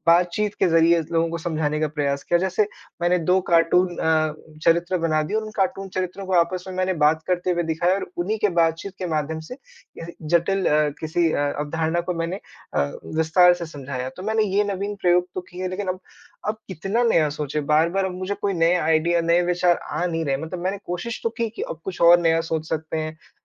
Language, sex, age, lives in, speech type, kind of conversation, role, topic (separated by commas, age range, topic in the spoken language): Hindi, male, 25-29, India, conversation, advice, user, बार-बार नए विचार न आ पाने की परेशानी को कैसे दूर किया जा सकता है?